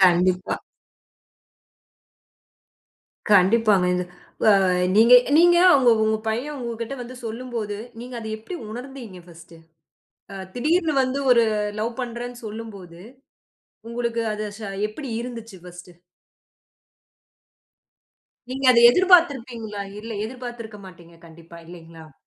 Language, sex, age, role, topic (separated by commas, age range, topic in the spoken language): Tamil, female, 30-34, host, இன்றைய இளம் தலைமுறைக்குப் நீங்கள் என்ன சொல்ல விரும்புகிறீர்கள்?